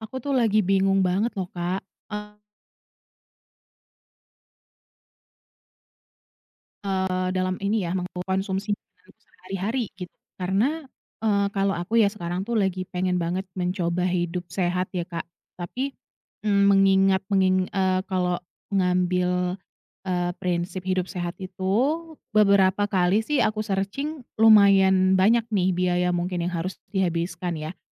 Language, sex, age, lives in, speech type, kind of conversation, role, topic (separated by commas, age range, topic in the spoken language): Indonesian, female, 30-34, Indonesia, conversation, advice, user, Bagaimana cara menyeimbangkan pola makan sehat dengan anggaran yang terbatas?